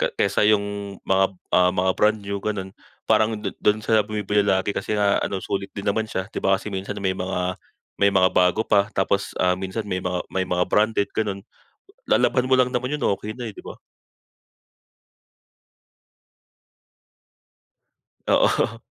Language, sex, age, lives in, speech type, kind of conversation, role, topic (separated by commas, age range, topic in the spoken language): Filipino, male, 25-29, Philippines, conversation, unstructured, none, Ano ang natutuhan mo tungkol sa pera mula sa pamilya mo?